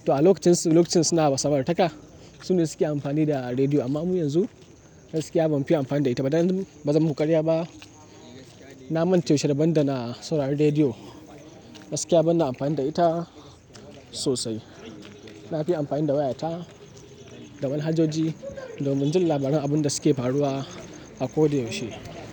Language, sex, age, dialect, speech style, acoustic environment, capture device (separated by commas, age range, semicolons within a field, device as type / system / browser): Hausa, male, 18-24, Nigeria - Kano, nervous, nature, mobile / Linux / Chrome